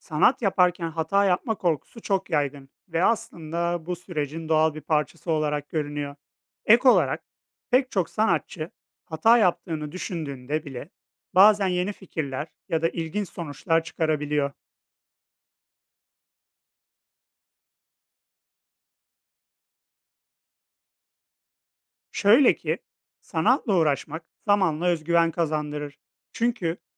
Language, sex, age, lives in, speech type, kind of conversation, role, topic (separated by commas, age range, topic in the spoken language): Turkish, male, 30-34, Poland, read, expert-assistant, expert, Sanatın insan yaşamındaki yeri nedir?